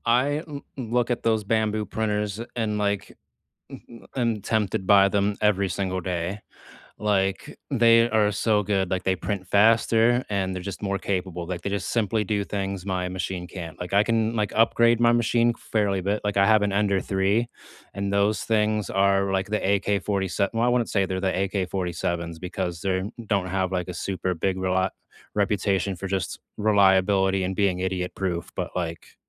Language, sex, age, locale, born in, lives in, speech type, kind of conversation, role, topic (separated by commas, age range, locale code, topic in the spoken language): English, male, 30-34, en-US, United States, United States, conversation, unstructured, none, What new hobby have you started recently, and how is it going so far?